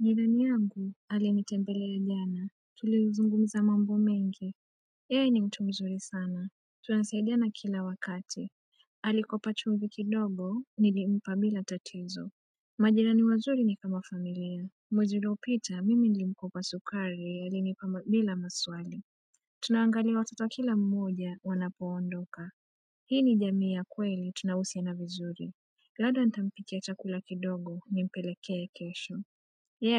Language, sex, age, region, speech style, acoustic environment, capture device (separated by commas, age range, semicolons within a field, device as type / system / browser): Swahili, female, 25-34, Kenya, tired, nature, mobile / Android / Chrome